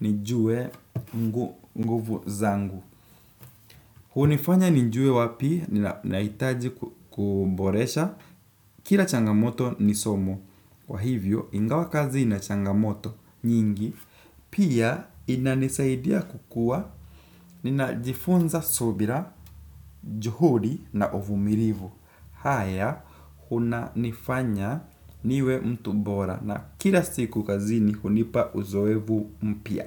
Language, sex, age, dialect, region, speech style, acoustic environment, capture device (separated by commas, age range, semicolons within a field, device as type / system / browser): Swahili, male, 18-24, Kenya - Nairobi Sheng-influenced, Kenya, nervous; relaxed, people talking, desktop / Windows / Chrome